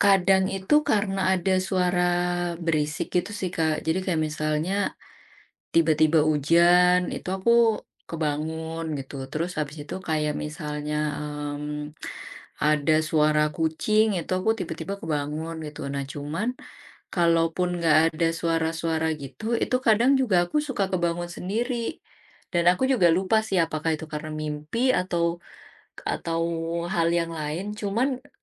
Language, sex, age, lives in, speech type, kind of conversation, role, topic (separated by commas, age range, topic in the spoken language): Indonesian, female, 35-39, Indonesia, conversation, advice, user, Mengapa saya sering bangun terlalu pagi dan sulit tidur kembali?